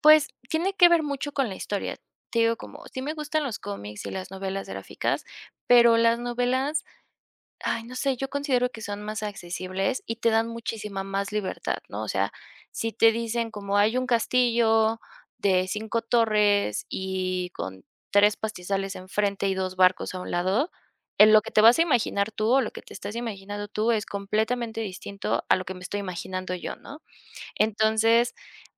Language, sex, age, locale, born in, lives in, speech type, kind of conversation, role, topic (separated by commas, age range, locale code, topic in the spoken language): Spanish, female, 25-29, es-MX, Mexico, Mexico, conversation, podcast, guest, ¿Qué es lo que más disfrutas al leer libros o cómics?